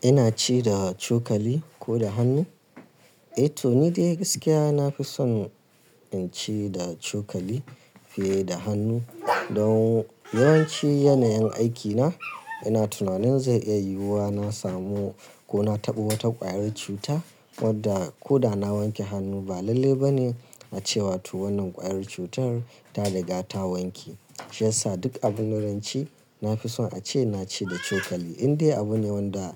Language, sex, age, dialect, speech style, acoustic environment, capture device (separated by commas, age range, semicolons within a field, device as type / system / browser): Hausa, male, 35-44, Nigeria - Kano, nervous; excited; focused; stressed; relaxed; tired; anxious; happy, traffic; construction; appliances; silence; nature; music; people talking, mobile / Linux / Chrome